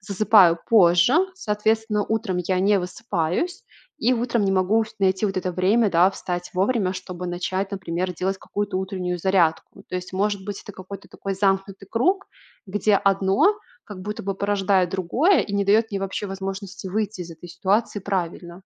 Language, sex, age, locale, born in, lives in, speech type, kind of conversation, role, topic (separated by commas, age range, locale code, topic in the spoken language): Russian, female, 25-29, ru-RU, Belarus, Italy, conversation, advice, user, Как приучить себя к утренним тренировкам?